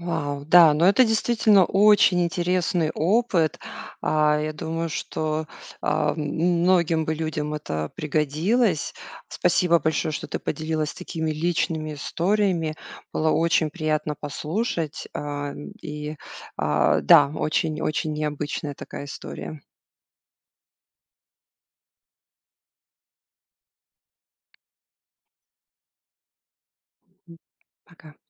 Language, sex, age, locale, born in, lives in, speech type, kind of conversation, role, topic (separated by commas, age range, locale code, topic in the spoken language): Russian, female, 40-44, ru-RU, Russia, United States, conversation, podcast, host, Как вы находите менторов и наставников?